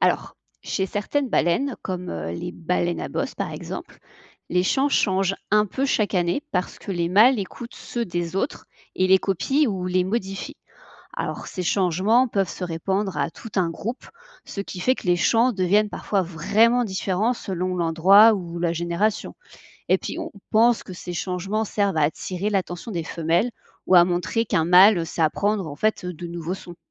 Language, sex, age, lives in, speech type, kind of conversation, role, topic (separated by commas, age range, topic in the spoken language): French, female, 30-34, France, read, expert-assistant, expert, Pourquoi les baleines chantent-elles ?